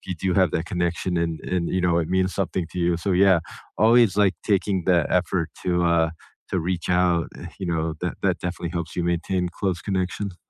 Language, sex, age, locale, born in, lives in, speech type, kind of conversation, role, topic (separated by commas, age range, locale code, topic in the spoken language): English, male, 50-54, en-US, United States, United States, conversation, unstructured, none, What is one thing you have learned about maintaining close connections over time?